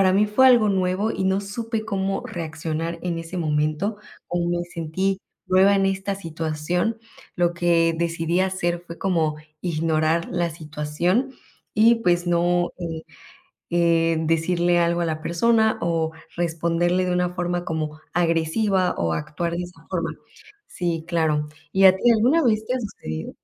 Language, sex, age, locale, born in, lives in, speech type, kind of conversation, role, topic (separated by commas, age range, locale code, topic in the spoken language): Spanish, female, 25-29, es-MX, Mexico, Mexico, conversation, unstructured, none, ¿Alguna vez te han tratado mal por ser turista?